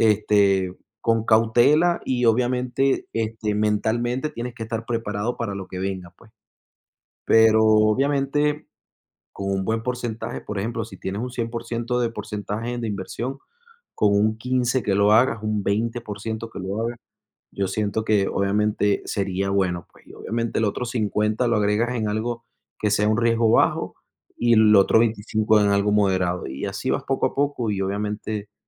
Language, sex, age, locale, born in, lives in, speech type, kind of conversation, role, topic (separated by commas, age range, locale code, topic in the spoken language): Spanish, male, 30-34, es-VE, Venezuela, United States, conversation, unstructured, none, ¿Crees que es mejor ahorrar o invertir?